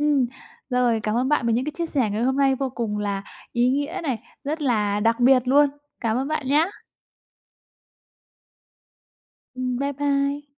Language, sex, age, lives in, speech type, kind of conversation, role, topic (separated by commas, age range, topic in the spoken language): Vietnamese, female, 25-29, Vietnam, conversation, podcast, host, Bạn hãy kể về một thầy hoặc cô đã khiến bạn thay đổi như thế nào?